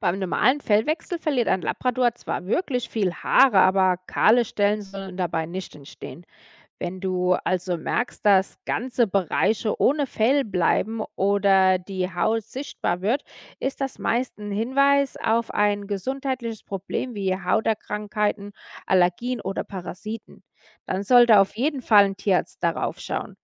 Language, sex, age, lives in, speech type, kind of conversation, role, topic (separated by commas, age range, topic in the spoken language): German, female, 35-39, United States, read, expert-assistant, expert, Wie gelingt die richtige Fellpflege beim Labrador während des Fellwechsels?